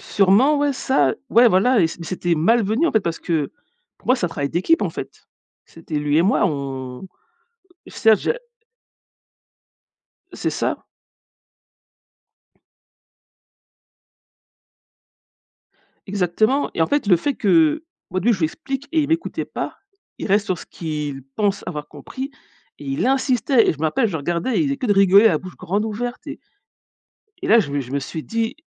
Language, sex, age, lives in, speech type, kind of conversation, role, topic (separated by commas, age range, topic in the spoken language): French, female, 40-44, United States, conversation, podcast, guest, Comment fais-tu pour apaiser les tensions dans une conversation tendue ?